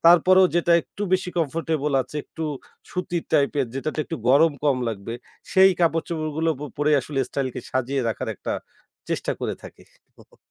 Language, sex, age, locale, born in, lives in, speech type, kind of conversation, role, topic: Bengali, male, 25-29, bn-BD, Bangladesh, Bangladesh, conversation, podcast, guest, দৈনন্দিন পোশাকে আরাম ও স্টাইলের মধ্যে তুমি কীভাবে ভারসাম্য রাখো?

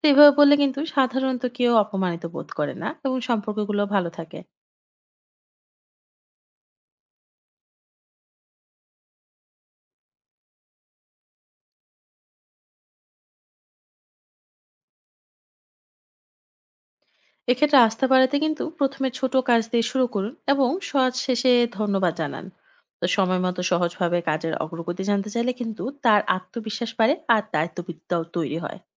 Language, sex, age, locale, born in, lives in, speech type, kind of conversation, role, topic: Bengali, female, 25-29, bn-BD, Bangladesh, Finland, read, expert-assistant, expert, উৎপাদনশীল থাকতে আমার কাজের অগ্রাধিকার ঠিক করার সহজ উপায় কী হতে পারে?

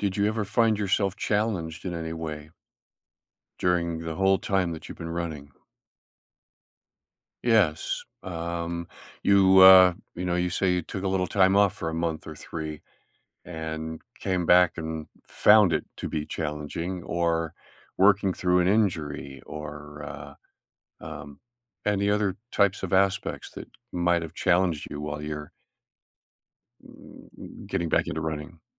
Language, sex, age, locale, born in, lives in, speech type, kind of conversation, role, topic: English, male, 70-74, en-US, Canada, United States, conversation, podcast, host, How can pursuing a hobby shape your personal growth and outlook on life?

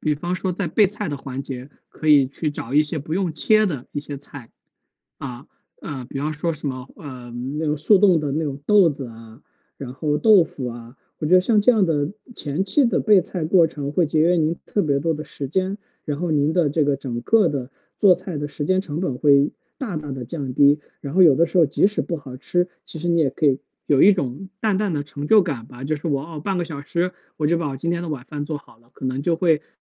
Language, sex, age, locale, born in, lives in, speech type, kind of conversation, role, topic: Chinese, male, 35-39, zh-CN, China, United States, conversation, advice, advisor, 我该如何在家开始做饭并逐步建立做饭的自信？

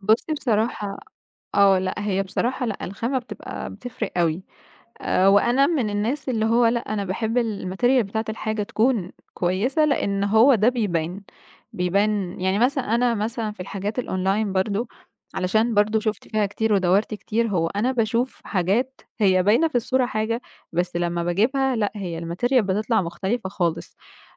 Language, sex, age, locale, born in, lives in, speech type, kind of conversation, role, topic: Arabic, female, 20-24, ar-EG, Egypt, Egypt, conversation, podcast, guest, إزاي تحافظ على ستايلك بميزانية محدودة؟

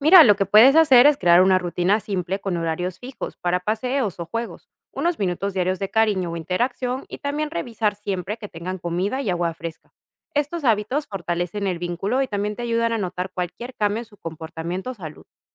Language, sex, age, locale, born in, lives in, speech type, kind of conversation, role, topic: Spanish, female, 30-34, es-PE, Peru, Italy, read, expert-assistant, expert, ¿Qué hábitos diarios puedo desarrollar para mejorar la calidad de vida de mis mascotas?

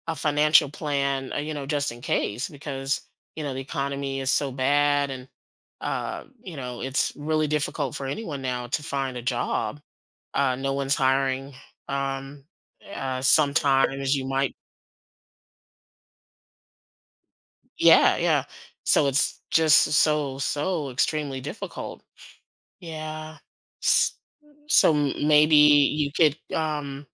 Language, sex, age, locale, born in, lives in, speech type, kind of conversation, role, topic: English, female, 50-54, en-US, United States, United States, conversation, advice, advisor, How can I reduce stress and get control of my bills and finances?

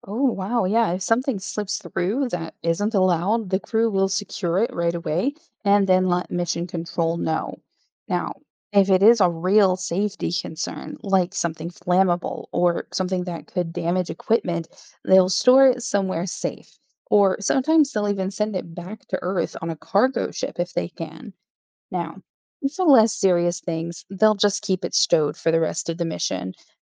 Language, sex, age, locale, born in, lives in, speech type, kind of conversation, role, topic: English, female, 35-39, en-US, Germany, United States, read, expert-assistant, expert, Does the ISS orbit Earth every 90 minutes?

